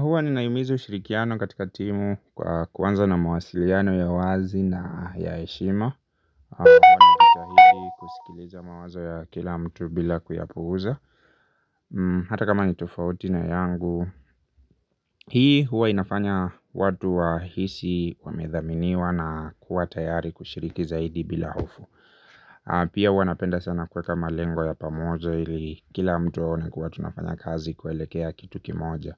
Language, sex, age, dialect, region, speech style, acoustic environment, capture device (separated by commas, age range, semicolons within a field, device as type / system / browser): Swahili, male, 25-34, Kenya - Nairobi Sheng-influenced, Kenya, happy; relaxed; excited, nature, mobile / Linux / Chrome